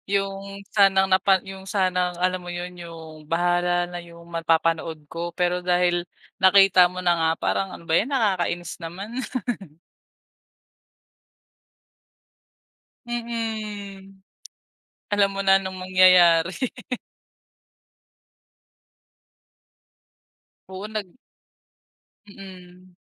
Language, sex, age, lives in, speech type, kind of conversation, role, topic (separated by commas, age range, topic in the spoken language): Filipino, female, 25-29, Philippines, conversation, podcast, host, Paano nakaapekto ang midyang panlipunan sa paraan mo ng panonood?